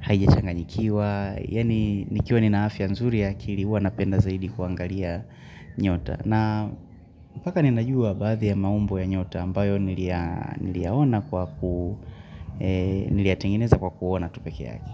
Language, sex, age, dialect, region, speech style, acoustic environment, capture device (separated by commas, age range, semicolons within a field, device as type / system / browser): Swahili, male, 18-24, Tanzania - Dar es Salaam, Tanzania, focused, nature; people talking; music; appliances; traffic, mobile / Linux / Chrome